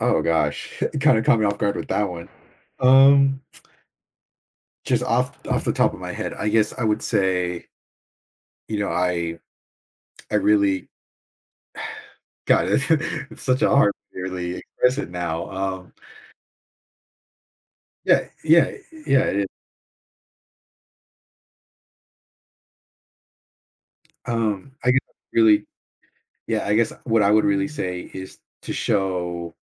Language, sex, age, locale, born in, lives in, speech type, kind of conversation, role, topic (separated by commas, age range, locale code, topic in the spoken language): English, male, 20-24, en-US, United States, United States, conversation, advice, user, How can I reconnect after missing my chance to apologize in person?